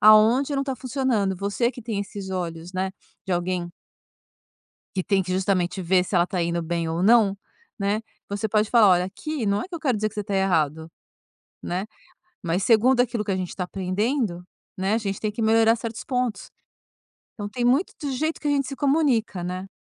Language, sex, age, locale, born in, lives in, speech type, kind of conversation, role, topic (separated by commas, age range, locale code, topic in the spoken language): Portuguese, female, 50-54, pt-BR, Brazil, France, conversation, podcast, guest, Como dar feedback difícil sem magoar?